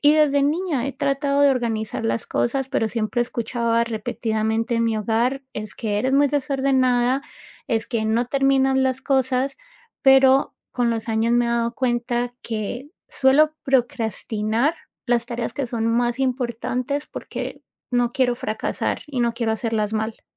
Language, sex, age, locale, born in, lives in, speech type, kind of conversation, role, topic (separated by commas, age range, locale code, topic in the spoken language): Spanish, female, 35-39, es-CO, United States, United States, conversation, advice, user, ¿Cómo procrastinas repetidamente cuando tienes que hacer tareas importantes?